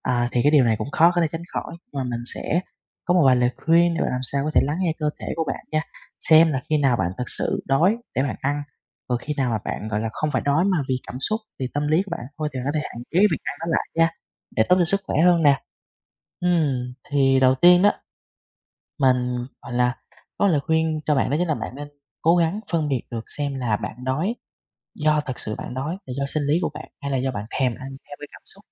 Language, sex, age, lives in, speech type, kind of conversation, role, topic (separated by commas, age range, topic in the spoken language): Vietnamese, male, 20-24, Vietnam, conversation, advice, advisor, Làm sao để nhận biết rõ hơn tín hiệu đói và no của cơ thể khi ăn?